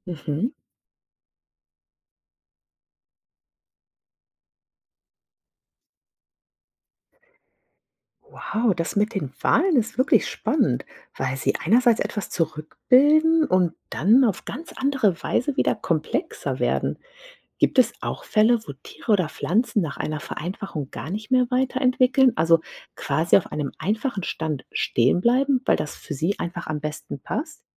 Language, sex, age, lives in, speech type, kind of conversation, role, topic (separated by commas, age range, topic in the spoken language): German, female, 40-44, United States, read, expert-assistant, asker, Wie lässt sich das Prinzip der natürlichen Selektion einfach und anschaulich erklären?